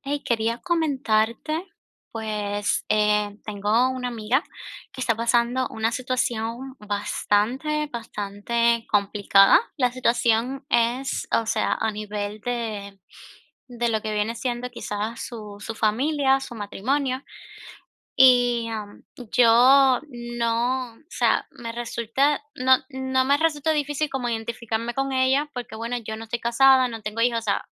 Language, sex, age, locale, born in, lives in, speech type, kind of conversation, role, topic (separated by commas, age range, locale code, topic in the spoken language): Spanish, female, 25-29, es-CU, Cuba, United States, conversation, advice, user, ¿Cómo puedo apoyar emocionalmente a un amigo durante una crisis personal?